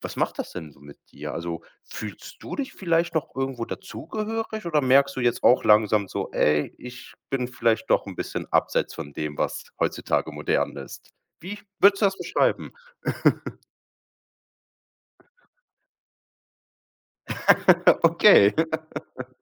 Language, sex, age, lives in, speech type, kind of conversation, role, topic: German, male, 25-29, Germany, conversation, podcast, host, Was fällt dir bei der Kommunikation zwischen Generationen auf?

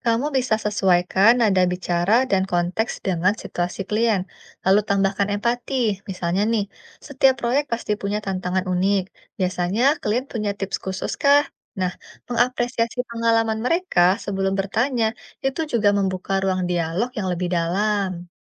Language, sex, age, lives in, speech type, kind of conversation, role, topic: Indonesian, female, 30-34, Indonesia, read, expert-assistant, expert, Bagaimana cara melatih kemampuan memahami maksud orang lain saat berbicara, terutama jika kata-katanya tidak langsung? Apa saja tips praktisnya?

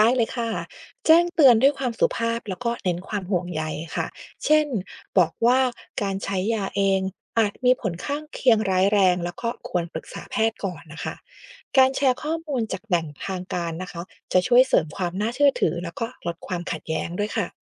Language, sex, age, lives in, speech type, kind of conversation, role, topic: Thai, female, 40-44, United States, read, expert-assistant, expert, อยากรู้ว่าข้อมูลสุขภาพที่เจอในโซเชียลมีเดีย เราจะเช็กยังไงว่าอันไหนจริงหรือไม่น่าเชื่อถือ?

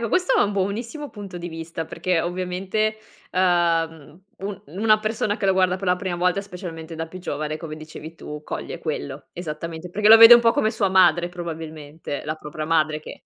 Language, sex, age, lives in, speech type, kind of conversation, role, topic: Italian, female, 25-29, Italy, conversation, podcast, host, Qual è una serie che ti ha tenuto incollato allo schermo?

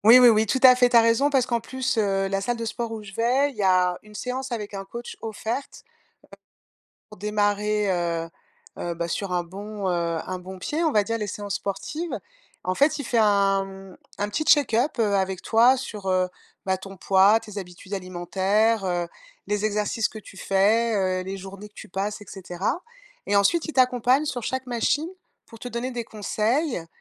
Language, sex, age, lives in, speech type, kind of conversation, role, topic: French, female, 50-54, France, conversation, advice, user, Comment retrouver la motivation et reprendre une routine d’entraînement régulière ?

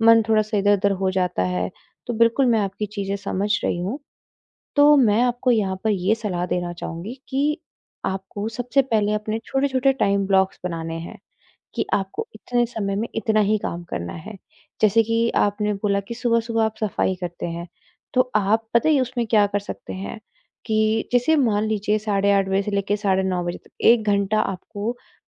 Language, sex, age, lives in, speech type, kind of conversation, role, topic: Hindi, female, 25-29, India, conversation, advice, advisor, मैं अपना ध्यान लंबे समय तक कैसे बनाए रखूँ?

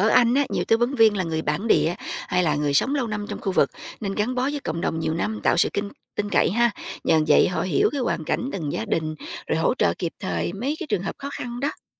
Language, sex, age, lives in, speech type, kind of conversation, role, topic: Vietnamese, female, 45-49, United States, read, expert-assistant, expert, Mỗi nước có phong cách quản lý bệnh viện khác nhau như thế nào, và điều đó ảnh hưởng gì đến người bệnh?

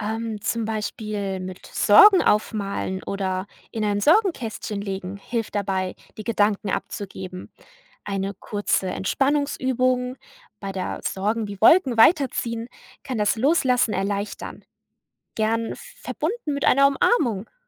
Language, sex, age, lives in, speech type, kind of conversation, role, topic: German, female, 20-24, Germany, read, expert-assistant, expert, Wenn Zeit und Energie knapp sind: Welche Aspekte in der Erziehung sollte man auf keinen Fall vernachlässigen?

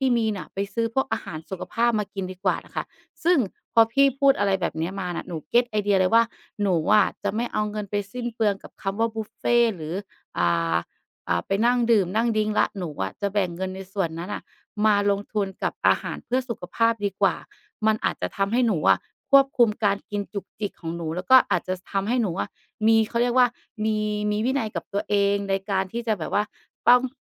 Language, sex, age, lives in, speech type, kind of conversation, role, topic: Thai, female, 35-39, Thailand, conversation, advice, user, ฉันควรทำอย่างไรเพื่อควบคุมการกินให้ได้และมีวินัยเรื่องอาหารรวมถึงการดูแลร่างกายมากขึ้น?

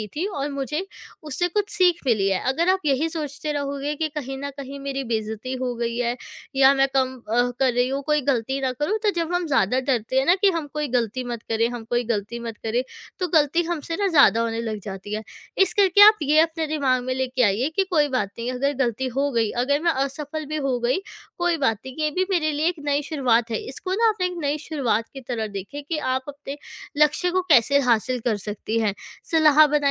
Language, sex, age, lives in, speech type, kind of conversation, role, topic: Hindi, female, 20-24, India, conversation, advice, advisor, विफलता से सीखकर आगे कैसे बढ़ूँ?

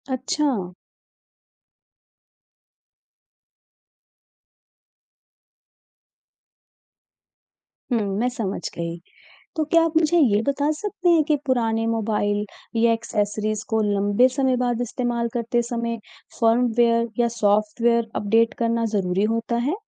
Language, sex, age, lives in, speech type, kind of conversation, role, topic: Hindi, female, 35-39, India, read, expert-assistant, asker, डिजिटल डिवाइस की सफाई और देखभाल कैसे करें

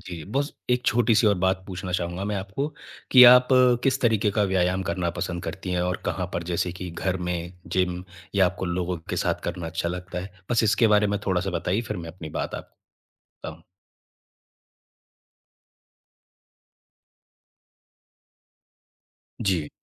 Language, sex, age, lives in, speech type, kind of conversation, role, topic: Hindi, male, 25-29, India, conversation, advice, advisor, नियमित व्यायाम की आदत बनानी है, लेकिन समय नहीं मिल पाता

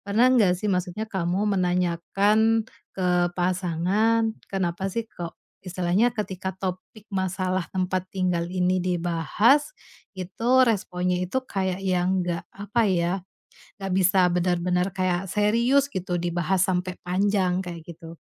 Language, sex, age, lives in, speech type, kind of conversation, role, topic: Indonesian, female, 30-34, Indonesia, conversation, advice, advisor, Bagaimana cara berkomunikasi dengan pasangan tanpa membuatnya merasa diserang?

